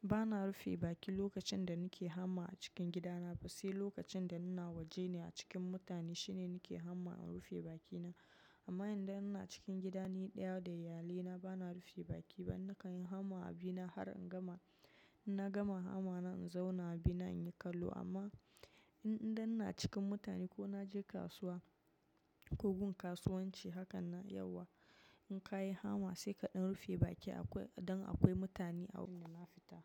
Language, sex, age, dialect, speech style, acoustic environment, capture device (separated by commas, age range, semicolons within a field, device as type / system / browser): Hausa, female, 25-34, Nigeria - Nigerian Standard, relaxed, silence, mobile / macOS / Safari